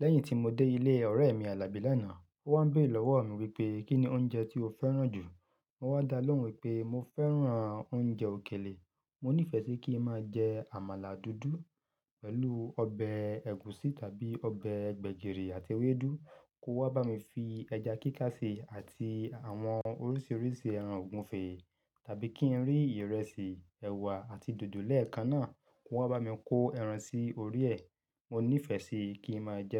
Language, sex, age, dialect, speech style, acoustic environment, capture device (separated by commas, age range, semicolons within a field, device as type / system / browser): Yoruba, male, 35-44, Nigeria - Ibadan, happy; relaxed; excited; focused, nature, mobile / Linux / Chrome